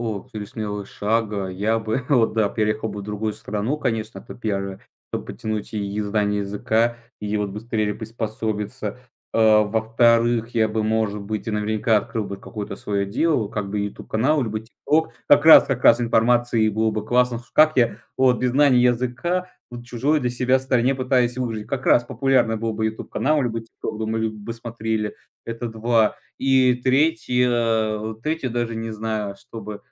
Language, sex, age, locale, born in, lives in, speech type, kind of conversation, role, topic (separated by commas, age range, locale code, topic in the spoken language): Russian, male, 20-24, ru-RU, Russia, Estonia, conversation, podcast, guest, Что бы ты сделал, если бы совсем не боялся провала?